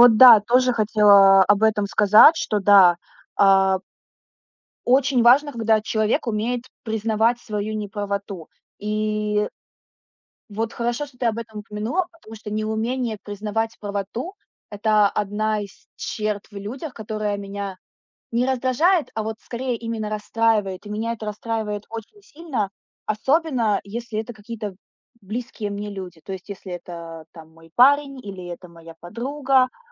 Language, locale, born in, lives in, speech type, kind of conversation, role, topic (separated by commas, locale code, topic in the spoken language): Russian, ru-RU, Ukraine, Estonia, conversation, unstructured, none, Что вас обычно больше всего огорчает в людях?